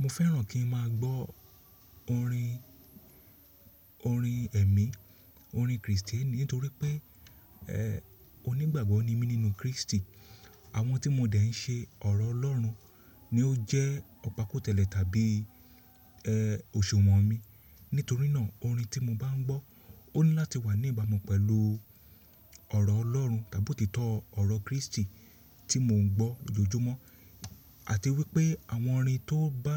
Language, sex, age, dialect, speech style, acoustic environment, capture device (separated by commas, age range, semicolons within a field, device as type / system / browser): Yoruba, male, 35-44, Nigeria - Lagos Yoruba, happy; relaxed; excited, silence, mobile / Linux / Chrome